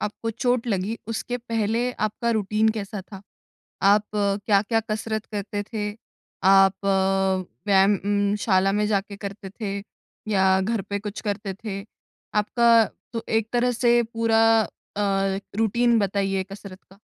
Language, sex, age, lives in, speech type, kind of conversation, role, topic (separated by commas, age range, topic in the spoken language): Hindi, female, 20-24, India, conversation, advice, advisor, चोट या किसी रुकावट के दौरान मैं प्रेरणा कैसे बनाए रखूँ?